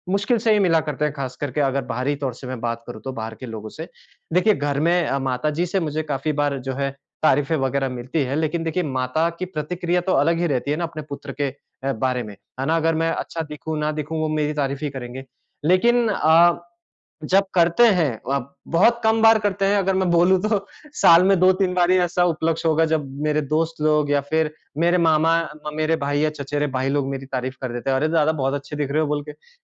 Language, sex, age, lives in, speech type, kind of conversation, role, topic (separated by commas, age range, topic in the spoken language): Hindi, male, 30-34, India, conversation, advice, user, मैं तारीफ स्वीकार करने में क्यों झिझक महसूस करता हूँ?